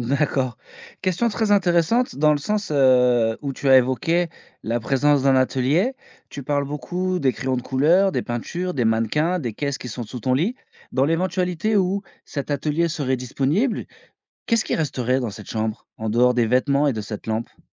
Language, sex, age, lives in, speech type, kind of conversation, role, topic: French, male, 35-39, France, conversation, podcast, host, Comment optimises-tu l’espace dans une petite chambre ?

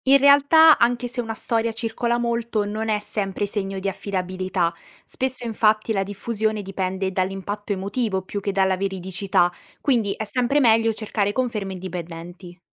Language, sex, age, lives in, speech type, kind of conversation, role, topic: Italian, female, 18-19, Italy, read, expert-assistant, expert, Come si possono verificare le storie di successo nel lavoro sociale?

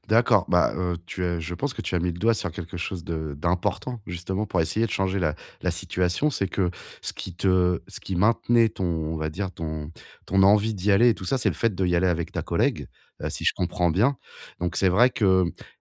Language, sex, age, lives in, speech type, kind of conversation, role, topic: French, male, 35-39, France, conversation, advice, advisor, Comment puis-je surmonter l’anxiété avant de commencer l’entraînement ?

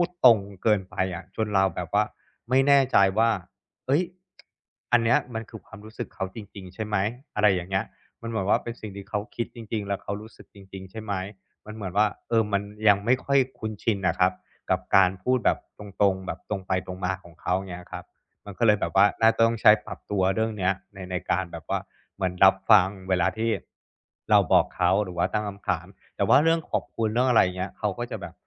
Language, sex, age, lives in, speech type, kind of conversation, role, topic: Thai, male, 35-39, Thailand, conversation, advice, user, ฉันจะฝึกอยู่กับความวิตกกังวลโดยไม่ตัดสินตัวเองได้อย่างไร?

